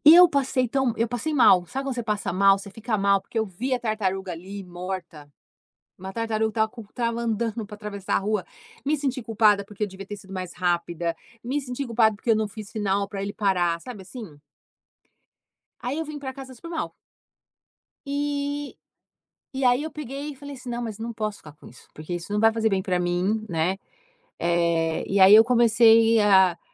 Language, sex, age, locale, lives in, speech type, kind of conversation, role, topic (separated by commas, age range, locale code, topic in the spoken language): Portuguese, female, 50-54, pt-BR, United States, conversation, unstructured, none, Que hábito simples mudou sua vida para melhor?